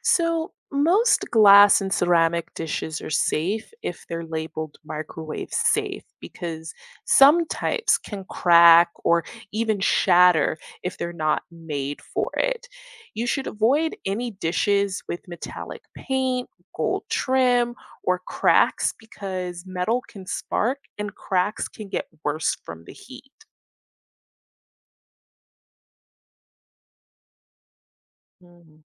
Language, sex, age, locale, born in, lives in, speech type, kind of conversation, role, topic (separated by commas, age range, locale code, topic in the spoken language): English, female, 25-29, en-US, United States, United States, read, expert-assistant, expert, How does a microwave oven work and what affects how it heats food?